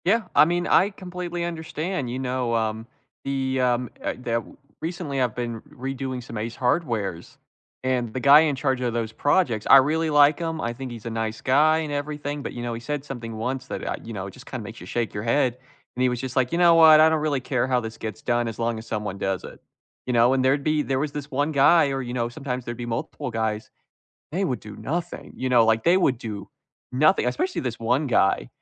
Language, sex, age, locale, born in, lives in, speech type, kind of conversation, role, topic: English, male, 20-24, en-US, United States, United States, conversation, unstructured, none, What is the biggest frustration you have had in a job?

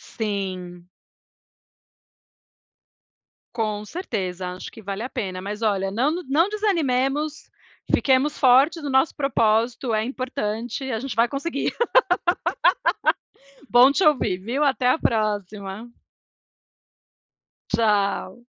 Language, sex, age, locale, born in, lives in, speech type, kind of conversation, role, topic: Portuguese, female, 40-44, pt-BR, Brazil, United States, conversation, unstructured, none, Como você se sente quando consegue guardar dinheiro?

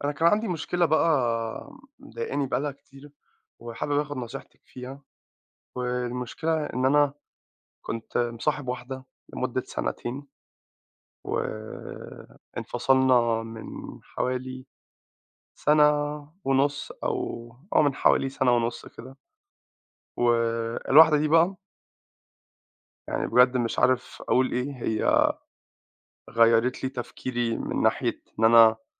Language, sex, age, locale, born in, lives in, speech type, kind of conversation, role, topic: Arabic, male, 25-29, ar-EG, Egypt, United States, conversation, advice, user, إزاي أتعامل مع الانفصال أو إنهاء علاقة طويلة المدى؟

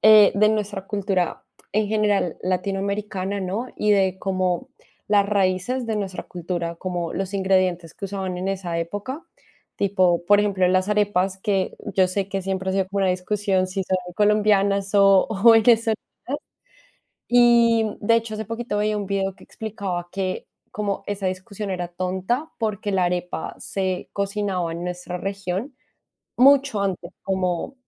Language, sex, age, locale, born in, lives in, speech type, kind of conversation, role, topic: Spanish, female, 25-29, es-CO, Colombia, Spain, conversation, unstructured, none, ¿Cómo influye la comida típica en las tradiciones de tu país?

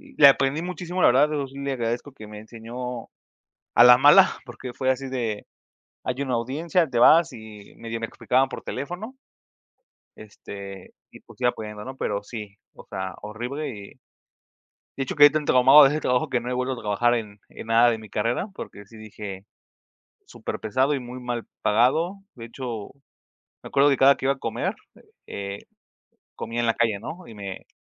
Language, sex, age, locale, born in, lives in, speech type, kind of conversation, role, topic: Spanish, male, 25-29, es-MX, Mexico, Mexico, conversation, unstructured, none, ¿Crees que es justo trabajar horas extra sin pago adicional?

